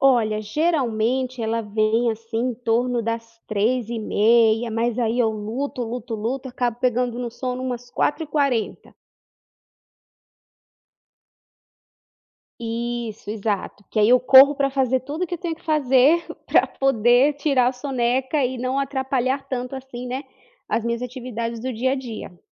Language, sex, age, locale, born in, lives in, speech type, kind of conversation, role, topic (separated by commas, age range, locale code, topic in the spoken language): Portuguese, female, 30-34, pt-BR, Brazil, United States, conversation, advice, user, Como é a sua sonolência diurna e de que forma os cochilos atrapalham o seu sono à noite?